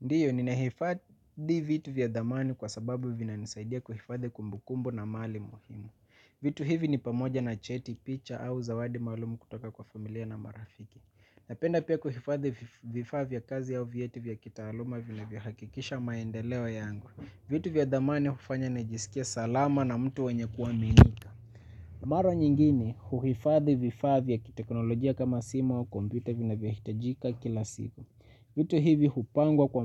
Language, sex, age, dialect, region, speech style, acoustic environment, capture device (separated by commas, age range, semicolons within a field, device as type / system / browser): Swahili, male, 25-34, Kenya - Mombasa, Kenya, relaxed, nature, mobile / Linux / Chrome